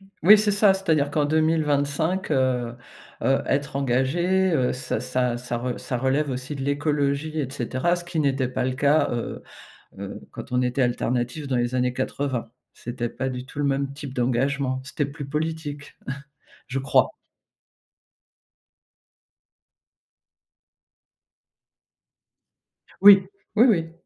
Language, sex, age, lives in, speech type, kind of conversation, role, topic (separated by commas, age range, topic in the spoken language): French, female, 55-59, France, conversation, podcast, host, Quelle est, selon toi, la signature de ton style ?